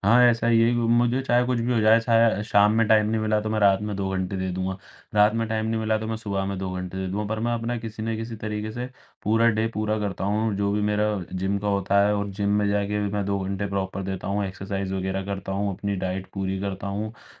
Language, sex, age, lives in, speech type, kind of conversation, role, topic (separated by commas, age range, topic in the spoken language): Hindi, male, 18-19, India, conversation, podcast, guest, Kaun si roz ki aadat tumne badli jisse tumhari zindagi mein waaqai farq pada?